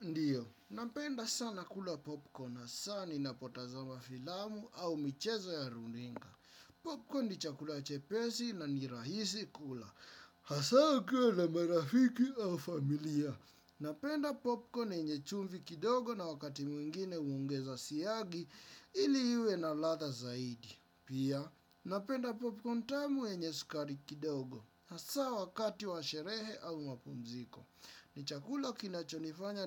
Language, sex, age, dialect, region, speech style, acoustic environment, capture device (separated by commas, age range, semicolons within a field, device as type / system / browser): Swahili, male, 25-34, Kenya - Nairobi Sheng-influenced, Kenya, relaxed, silence, desktop / Windows / Chrome